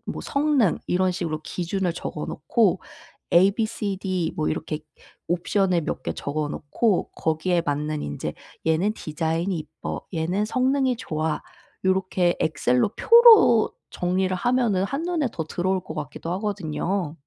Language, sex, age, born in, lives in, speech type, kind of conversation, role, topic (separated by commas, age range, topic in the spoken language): Korean, female, 30-34, South Korea, South Korea, conversation, advice, advisor, 쇼핑할 때 선택지가 너무 많아 결정을 못 할 때 어떻게 극복할 수 있을까요?